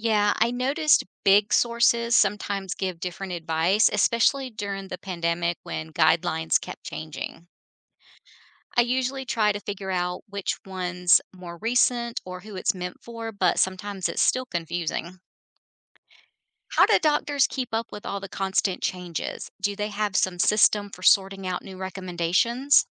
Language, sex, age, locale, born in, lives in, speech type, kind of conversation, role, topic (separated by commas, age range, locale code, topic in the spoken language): English, female, 50-54, en-US, United States, United States, read, expert-assistant, asker, How can you tell if online medical advice is trustworthy?